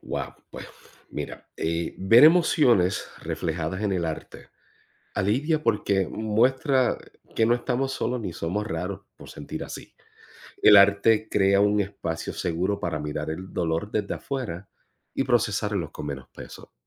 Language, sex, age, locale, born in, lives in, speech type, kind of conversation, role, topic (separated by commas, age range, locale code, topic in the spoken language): Spanish, male, 45-49, es-US, Puerto Rico, United States, read, expert-assistant, expert, ¿Cómo ayuda el arte, especialmente la poesía, a aliviar y comprender el dolor emocional?